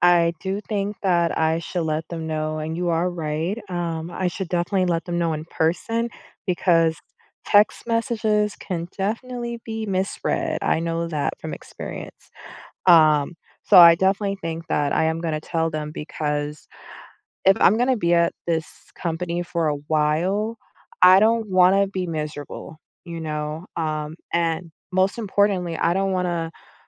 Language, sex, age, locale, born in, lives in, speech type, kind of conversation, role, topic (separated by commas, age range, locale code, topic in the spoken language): English, female, 30-34, en-US, United States, United States, conversation, advice, user, How can I stop worrying that I'm not meeting expectations at work?